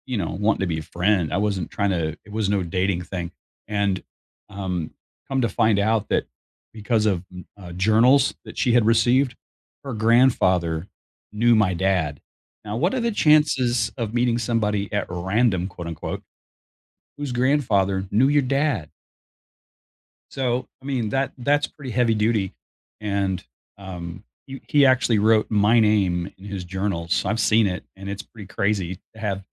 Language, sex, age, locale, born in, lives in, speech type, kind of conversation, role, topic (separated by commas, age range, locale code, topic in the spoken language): English, male, 60-64, en-US, United States, United States, conversation, unstructured, none, What is the key to a strong friendship?